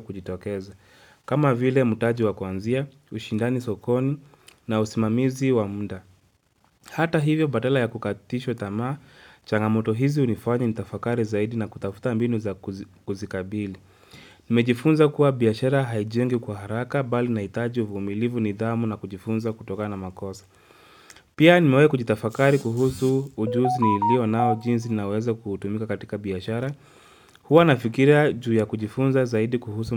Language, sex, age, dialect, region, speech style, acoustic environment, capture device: Swahili, male, 25-34, Kenya - Nairobi Sheng-influenced, Kenya, focused; relaxed, nature, mobile / Linux / Chrome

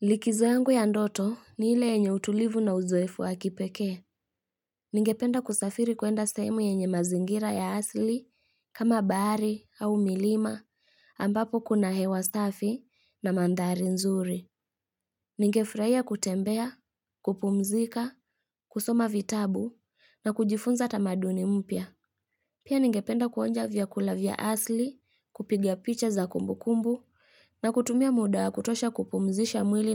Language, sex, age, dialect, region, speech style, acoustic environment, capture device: Swahili, female, 25-34, Kenya - Kitui, Kenya, relaxed; excited, silence; traffic; music; people talking, mobile / Linux / Chrome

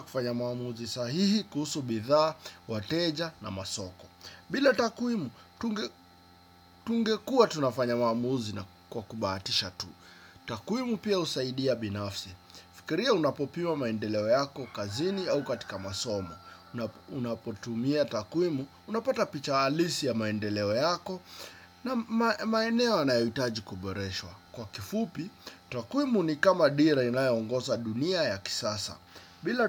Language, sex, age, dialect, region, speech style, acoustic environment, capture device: Swahili, male, 25-34, Kenya - Nairobi Sheng-influenced, Kenya, relaxed, nature, desktop / Windows / Chrome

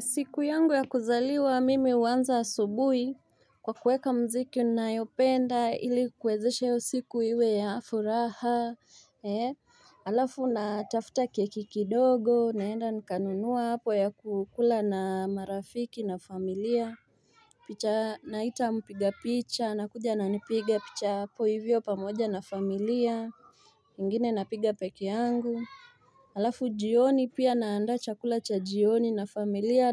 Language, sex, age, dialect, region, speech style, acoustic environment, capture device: Swahili, female, 25-34, Kenya - Mombasa, Kenya, excited, silence, mobile / Linux / Chrome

